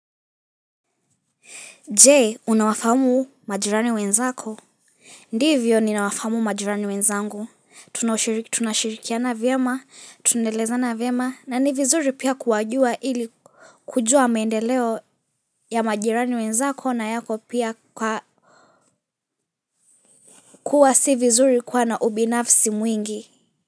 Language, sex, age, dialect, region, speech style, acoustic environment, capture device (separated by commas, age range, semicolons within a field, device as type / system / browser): Swahili, female, 18-24, Kenya - Mombasa, Kenya, happy, silence, mobile / Linux / Chrome